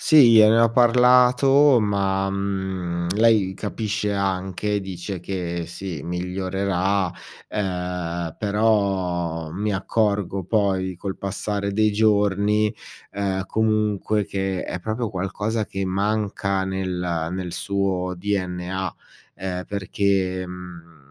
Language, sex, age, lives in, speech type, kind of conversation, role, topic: Italian, male, 40-44, Italy, conversation, advice, user, Come posso gestire i litigi ricorrenti con il partner per piccole questioni della quotidianità?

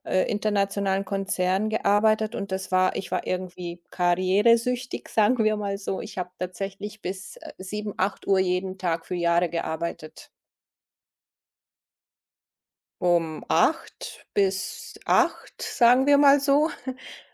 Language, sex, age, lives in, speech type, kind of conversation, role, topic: German, female, 50-54, Germany, conversation, podcast, guest, Was bedeutet Work-Life-Balance für dich konkret?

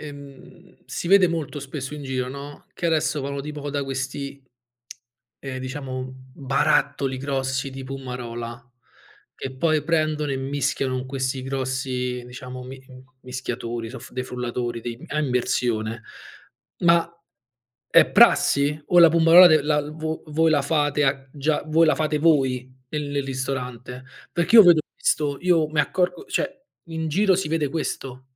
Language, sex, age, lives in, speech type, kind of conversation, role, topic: Italian, male, 35-39, Italy, conversation, podcast, host, Da dove prendi ispirazione, di solito?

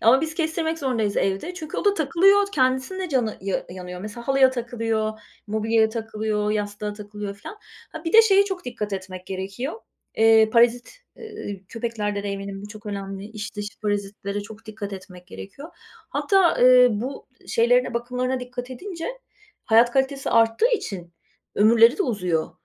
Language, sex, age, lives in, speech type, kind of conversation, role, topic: Turkish, female, 45-49, Spain, conversation, unstructured, none, Evcil hayvanların günlük bakımı nasıl yapılır?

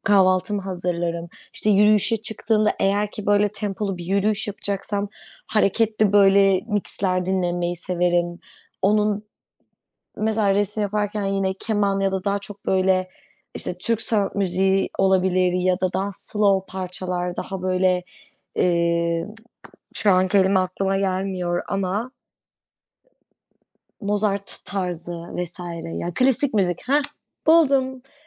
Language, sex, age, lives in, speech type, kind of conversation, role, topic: Turkish, female, 25-29, Netherlands, conversation, unstructured, none, Müzik dinlemek sizi nasıl etkiler?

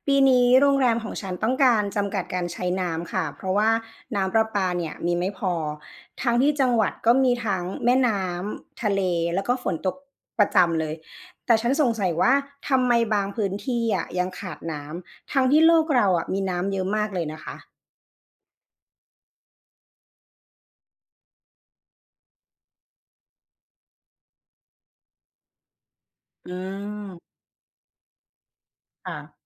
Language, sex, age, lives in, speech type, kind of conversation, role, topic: Thai, female, 35-39, Thailand, read, expert-assistant, asker, อะไรเป็นปัจจัยสำคัญที่ทำให้เกิดภาวะขาดแคลนน้ำในบางพื้นที่ของโลก ทั้งที่โลกมีน้ำอยู่มาก? (What causes water scarcity in some parts of the world, even though there is so much water overall?)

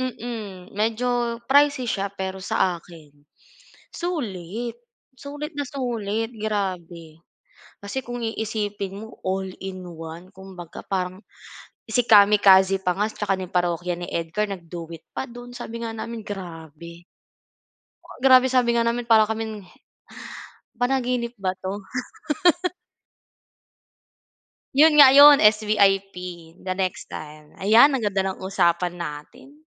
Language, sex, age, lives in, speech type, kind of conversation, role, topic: Filipino, female, 25-29, Philippines, conversation, unstructured, none, Ano ang pinakanatatandaan mong konsiyertong napuntahan mo?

